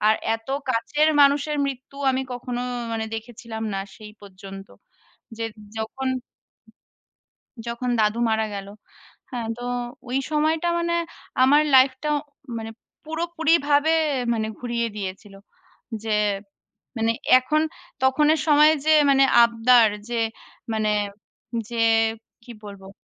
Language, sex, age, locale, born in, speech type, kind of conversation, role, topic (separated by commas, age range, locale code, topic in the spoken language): Bengali, female, 30-34, bn-IN, India, conversation, unstructured, none, আপনার জীবনে কোন অভিজ্ঞতা আপনাকে সবচেয়ে বেশি বদলে দিয়েছে?